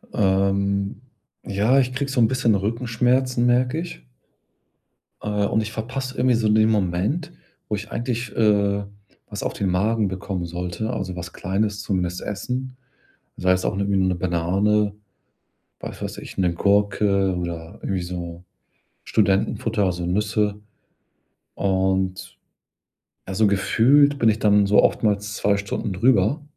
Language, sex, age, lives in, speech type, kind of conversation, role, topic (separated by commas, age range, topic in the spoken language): German, male, 40-44, Germany, conversation, advice, user, Wie kann ich meinen Arbeits- und Pausenrhythmus im Alltag optimieren?